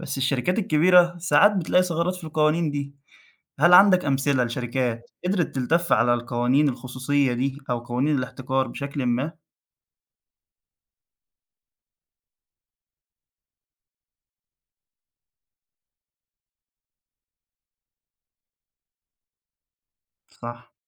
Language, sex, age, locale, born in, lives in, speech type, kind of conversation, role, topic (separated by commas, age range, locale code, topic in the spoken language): Arabic, male, 20-24, ar-EG, Egypt, Egypt, read, expert-assistant, asker, تخيل لو منصات السوشيال ميديا كلها بقت لازم تدفع اشتراك شهري عشان تدخل عليها. يا ترى الناس هتتصرف إزاي؟ وإيه البدائل اللي ممكن يلاقوها؟